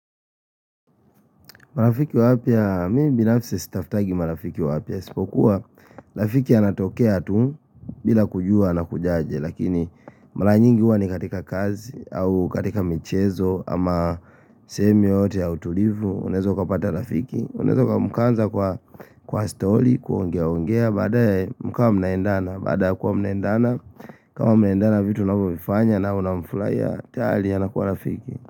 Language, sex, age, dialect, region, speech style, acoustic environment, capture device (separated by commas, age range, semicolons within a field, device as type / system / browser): Swahili, male, 25-34, Kenya - Nairobi Sheng-influenced, Kenya, relaxed, appliances, mobile / Linux / Chrome